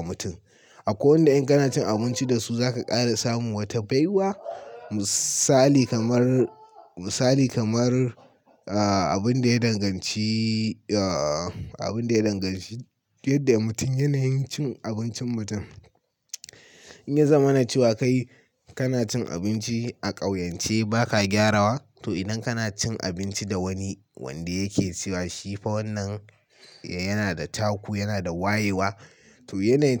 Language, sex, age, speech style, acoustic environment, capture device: Hausa, male, 18-24, happy; excited; focused, nature, mobile / Linux / Chrome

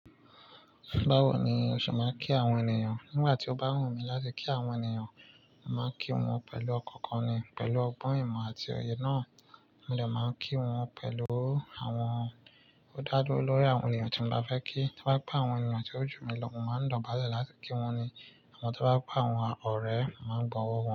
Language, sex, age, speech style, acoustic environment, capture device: Yoruba, male, 25-34, focused, nature, mobile / Linux / Chrome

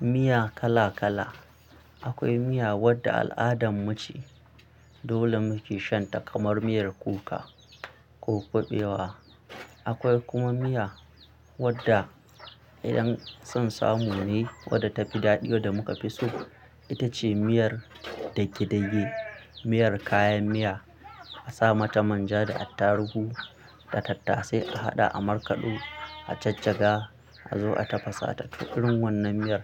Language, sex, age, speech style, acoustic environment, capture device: Hausa, male, 25-34, happy, people talking, mobile / Linux / Chrome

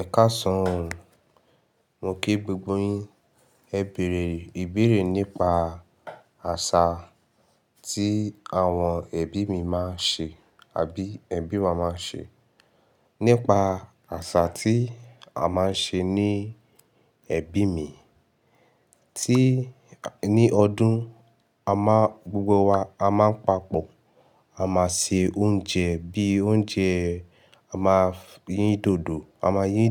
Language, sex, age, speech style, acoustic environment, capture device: Yoruba, male, 18-24, happy, silence, mobile / Linux / Chrome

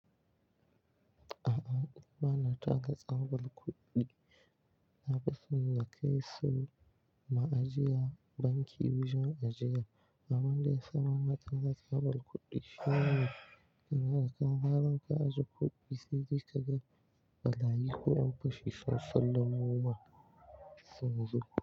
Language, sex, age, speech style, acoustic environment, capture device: Hausa, male, 18-24, relaxed; focused, silence, mobile / Linux / Chrome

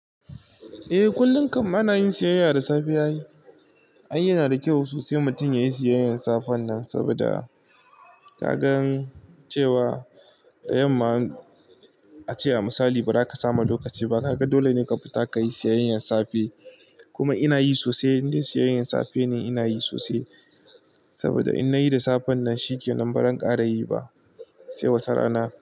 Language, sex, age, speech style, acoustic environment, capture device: Hausa, male, 25-34, relaxed; focused, nature, mobile / Linux / Chrome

